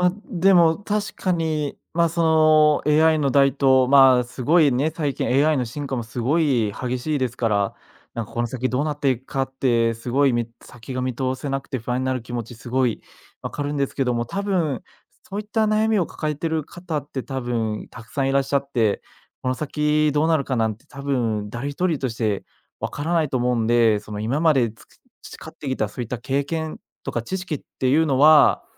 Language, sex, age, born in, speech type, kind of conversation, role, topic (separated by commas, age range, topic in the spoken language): Japanese, male, 25-29, Japan, conversation, advice, advisor, 進歩が見えず自己嫌悪になるときはどうすればよいでしょうか？